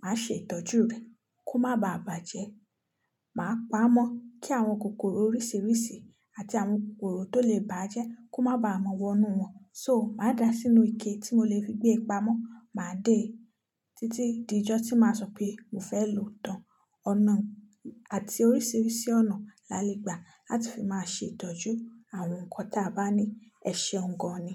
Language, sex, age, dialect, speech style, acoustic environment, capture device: Yoruba, female, 25-34, Nigeria - Nigerian Standard, focused; relaxed, silence, mobile / Linux / Chrome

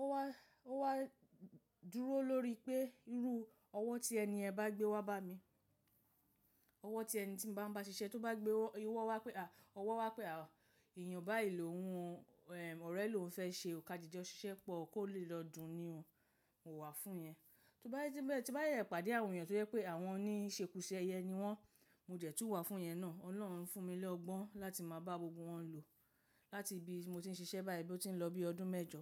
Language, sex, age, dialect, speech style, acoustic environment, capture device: Yoruba, female, 35-44, Nigeria - Lagos Yoruba, happy, silence, mobile / macOS / Safari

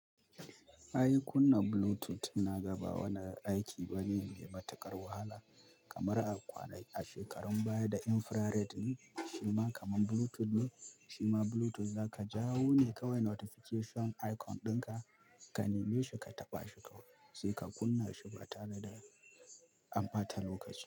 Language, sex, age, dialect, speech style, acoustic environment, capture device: Hausa, male, 25-34, Nigeria - Kano, focused, appliances, mobile / Linux / Chrome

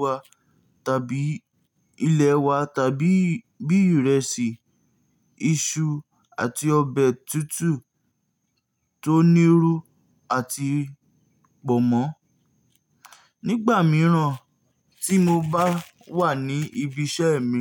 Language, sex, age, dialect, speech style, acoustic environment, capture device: Yoruba, male, 18-24, Nigeria - Nigerian Standard, focused, nature, mobile / Linux / Chrome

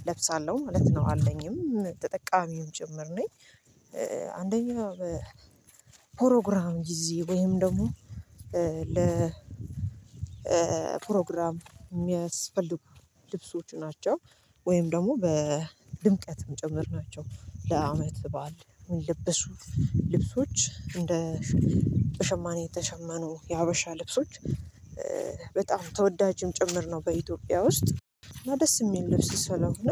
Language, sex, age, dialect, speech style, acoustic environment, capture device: Amharic, female, 25-34, Ethiopia - Addis Ababa, relaxed; focused, silence, mobile / Linux / Chrome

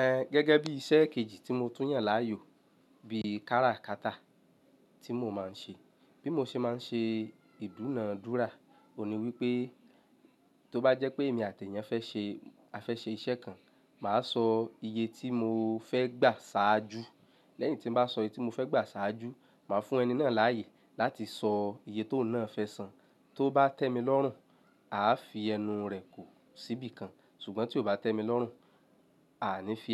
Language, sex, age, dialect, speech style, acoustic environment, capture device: Yoruba, male, 25-34, Nigeria - Lagos Yoruba, happy; relaxed, silence, mobile / Linux / Chrome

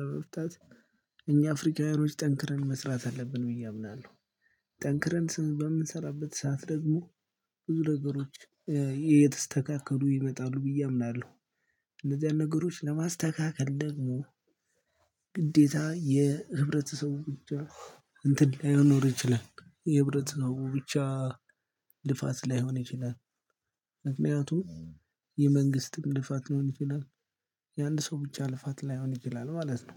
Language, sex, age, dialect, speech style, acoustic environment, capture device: Amharic, male, 25-34, Ethiopia - Gojjam, happy; focused, silence, mobile / Linux / Chrome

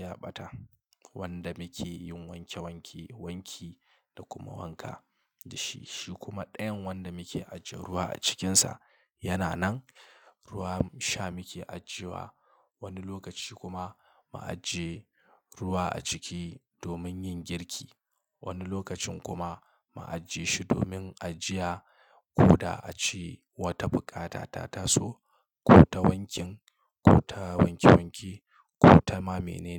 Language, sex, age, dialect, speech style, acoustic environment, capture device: Hausa, male, 25-34, Nigeria - Kano, relaxed, silence, mobile / Linux / Chrome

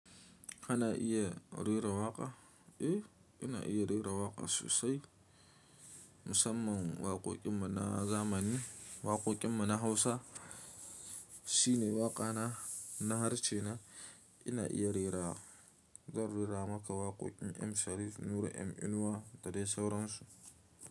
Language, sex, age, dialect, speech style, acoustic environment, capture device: Hausa, male, 25-34, Nigeria - Nigerian Standard, happy; focused, silence, mobile / Linux / Chrome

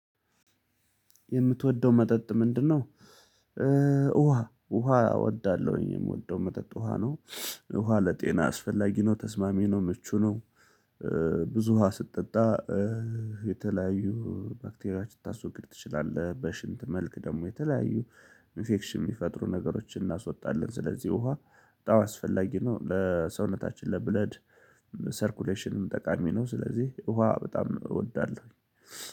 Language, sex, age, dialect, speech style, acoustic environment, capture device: Amharic, male, 35-44, Ethiopia - Gondar, focused, silence, mobile / Linux / Chrome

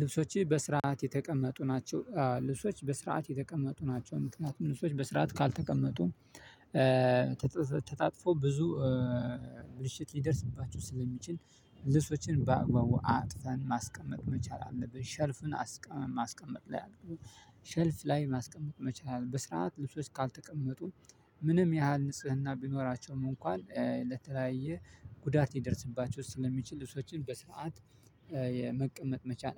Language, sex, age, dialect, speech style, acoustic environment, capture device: Amharic, male, 25-34, Ethiopia - Addis Ababa, relaxed, silence, mobile / Linux / Chrome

